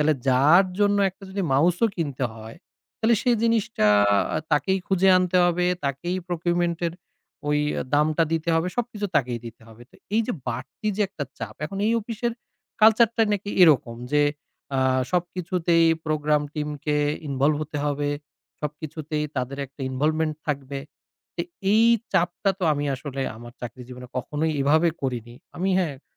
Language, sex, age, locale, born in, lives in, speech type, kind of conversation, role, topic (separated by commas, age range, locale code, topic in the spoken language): Bengali, male, 30-34, bn-BD, Bangladesh, Bangladesh, conversation, advice, user, নতুন জীবন পরিবর্তন (বিবাহ বা চাকরি বদল) সামলানো আমার জন্য এত কঠিন কেন?